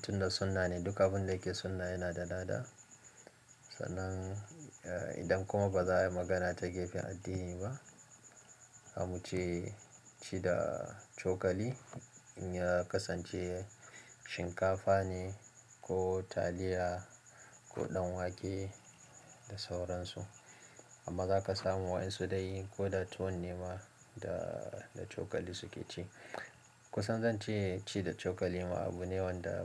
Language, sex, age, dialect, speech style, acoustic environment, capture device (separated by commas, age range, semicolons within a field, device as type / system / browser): Hausa, male, 25-34, Nigeria - Kano, relaxed; focused, appliances; people talking, mobile / Linux / Chrome